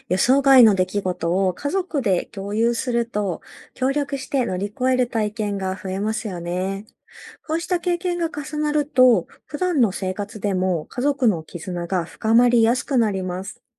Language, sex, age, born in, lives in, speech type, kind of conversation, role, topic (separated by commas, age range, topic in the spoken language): Japanese, female, 30-34, Japan, Japan, read, expert-assistant, expert, 人気の観光地を選ぶか、あまり知られていない場所を選ぶか、それぞれの良い点と難しい点は何だと思いますか？